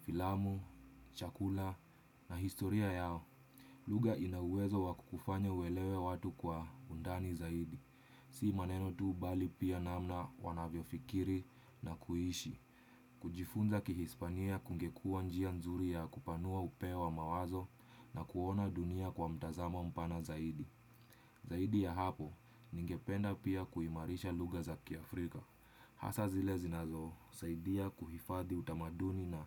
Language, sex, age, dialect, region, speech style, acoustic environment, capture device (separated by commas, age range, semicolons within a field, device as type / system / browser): Swahili, male, 25-34, Kenya - Nairobi Sheng-influenced, Kenya, stressed, silence, desktop / Windows / Chrome